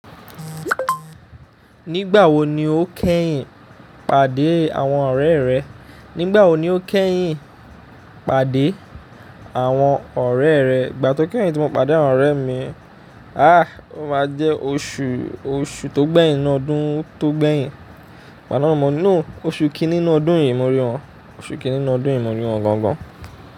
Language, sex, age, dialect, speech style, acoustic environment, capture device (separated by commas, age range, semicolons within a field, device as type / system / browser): Yoruba, male, 25-34, Nigeria - Ijebu, happy, silence, mobile / Linux / Chrome